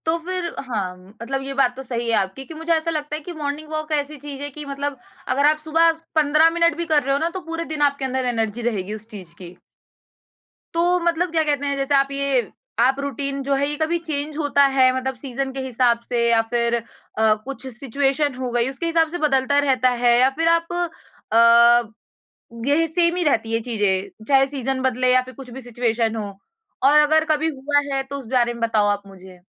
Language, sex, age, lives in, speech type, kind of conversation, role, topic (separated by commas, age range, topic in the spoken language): Hindi, female, 20-24, India, conversation, podcast, host, Subah uthte hi aap apni energy kaise boost karte ho?